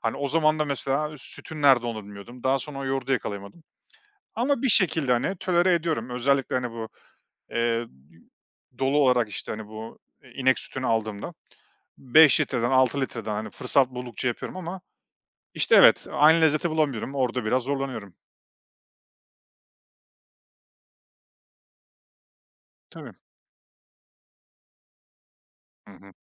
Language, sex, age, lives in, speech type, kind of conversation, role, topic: Turkish, male, 35-39, Estonia, conversation, podcast, guest, Aile içinde kuşaktan kuşağa aktarılan özel yemek tarifleri başkalarıyla nasıl paylaşılmalı?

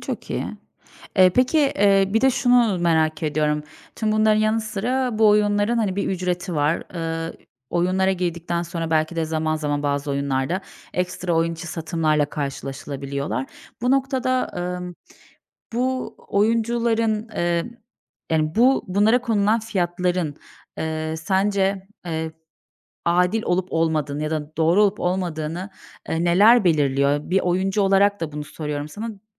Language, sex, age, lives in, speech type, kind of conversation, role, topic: Turkish, female, 30-34, Bulgaria, conversation, podcast, host, Oyununu veya etkinliğini test ederken nelere dikkat edersin?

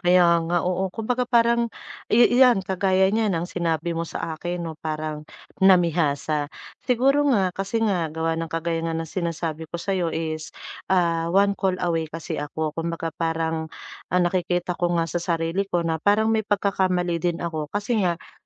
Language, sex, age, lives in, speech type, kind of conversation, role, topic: Filipino, female, 40-44, Philippines, conversation, advice, user, Paano ako makatatanggi nang maayos sa mga kahilingan ng pamilya nang hindi nakakaramdam ng pagkakasala?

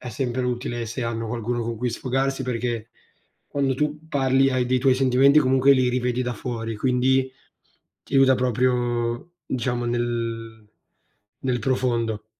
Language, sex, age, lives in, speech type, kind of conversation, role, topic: Italian, male, 18-19, Italy, conversation, unstructured, none, Perché, secondo te, alcune persone sembrano nascondere la tristezza dietro un sorriso?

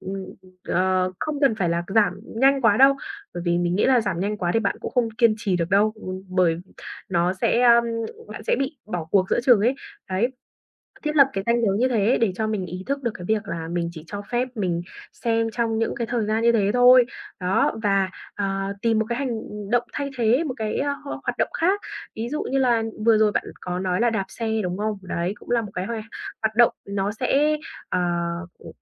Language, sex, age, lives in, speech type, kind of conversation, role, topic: Vietnamese, female, 20-24, Vietnam, conversation, advice, advisor, Làm thế nào để tôi ngừng lướt điện thoại một cách vô thức?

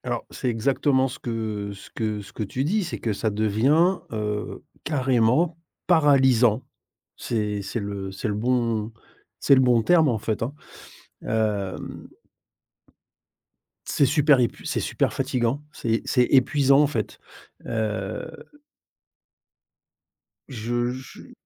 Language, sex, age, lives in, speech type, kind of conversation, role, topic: French, male, 50-54, Spain, conversation, advice, user, Avez-vous peur que votre travail soit jugé ou rejeté ?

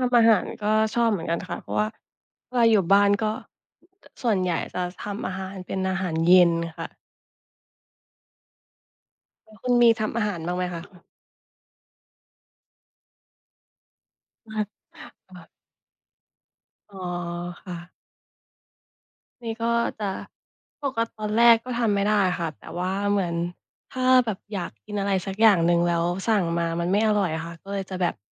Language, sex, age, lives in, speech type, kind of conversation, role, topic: Thai, female, 25-29, Thailand, conversation, unstructured, none, คุณชอบทำกิจกรรมยามว่างแบบไหนมากที่สุด?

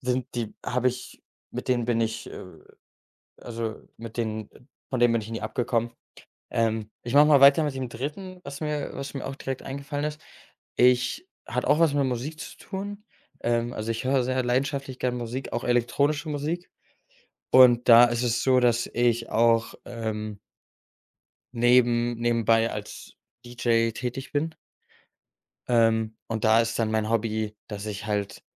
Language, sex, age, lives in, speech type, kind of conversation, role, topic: German, male, 25-29, Germany, conversation, podcast, guest, Welche Abendroutine hilft dir, runterzukommen?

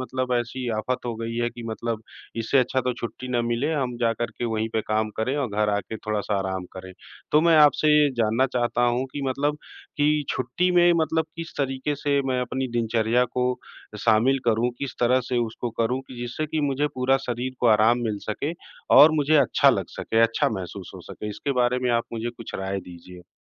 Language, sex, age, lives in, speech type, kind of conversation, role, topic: Hindi, male, 40-44, India, conversation, advice, user, छुट्टी के बाद भी आपको पूरा आराम क्यों नहीं मिल रहा है?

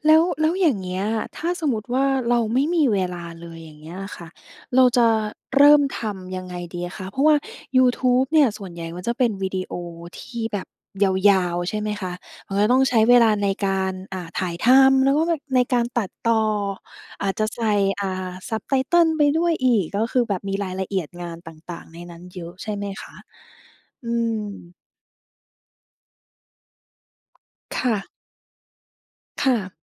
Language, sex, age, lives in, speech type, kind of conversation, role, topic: Thai, female, 30-34, Thailand, conversation, podcast, host, ทำยังไงถึงจะทำงานอดิเรกให้เป็นกิจวัตรได้?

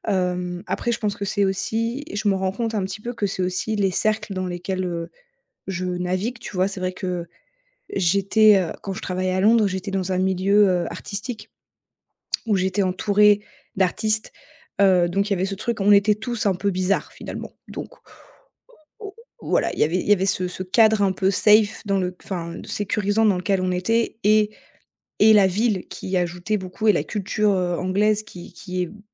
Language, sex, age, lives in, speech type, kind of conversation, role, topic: French, female, 30-34, France, conversation, advice, user, Comment rester moi-même quand la pression sociale me pousse à changer ?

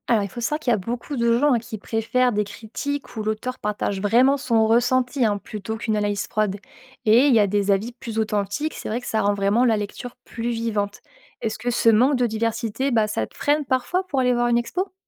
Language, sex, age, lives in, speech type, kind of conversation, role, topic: French, female, 25-29, France, read, expert-assistant, expert, Les critiques d’art voient-ils vraiment les œuvres différemment du grand public ? Qu’est-ce qui influence leur regard ?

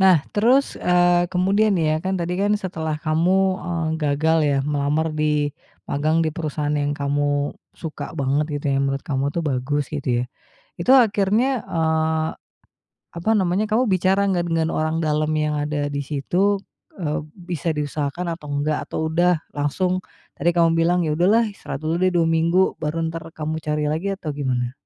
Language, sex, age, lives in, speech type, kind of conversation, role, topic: Indonesian, female, 35-39, Indonesia, conversation, podcast, host, Bagaimana kamu belajar dari kegagalan tanpa kehilangan semangat?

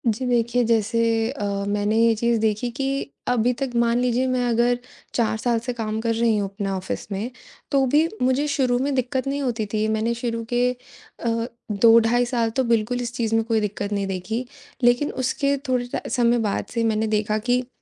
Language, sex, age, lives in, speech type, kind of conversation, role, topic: Hindi, female, 20-24, India, conversation, advice, user, सोने में देर लगने और दिमाग में लगातार विचार चलने की समस्या से कैसे निपटूँ?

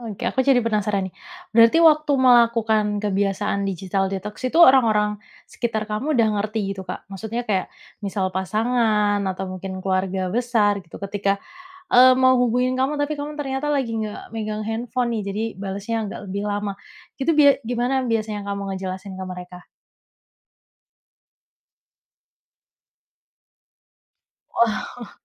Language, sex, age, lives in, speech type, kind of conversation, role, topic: Indonesian, female, 30-34, Indonesia, conversation, podcast, host, Apakah kamu punya kebiasaan detoks digital, dan bagaimana pengaruhnya dalam hidupmu?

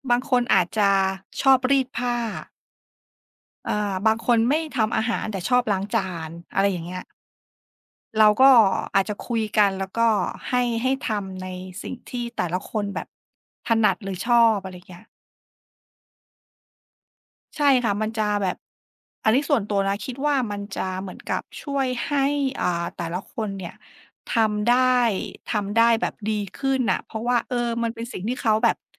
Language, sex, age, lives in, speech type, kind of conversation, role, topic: Thai, female, 40-44, Thailand, conversation, unstructured, none, การทำงานบ้านส่งผลต่อความสัมพันธ์ในครอบครัวอย่างไร?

